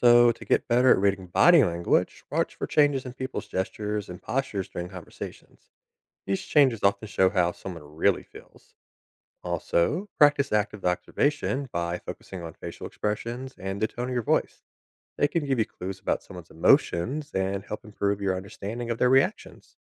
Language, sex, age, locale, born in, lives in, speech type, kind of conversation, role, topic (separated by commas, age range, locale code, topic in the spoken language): English, male, 30-34, en-US, United States, United States, read, expert-assistant, expert, How does body language influence communication?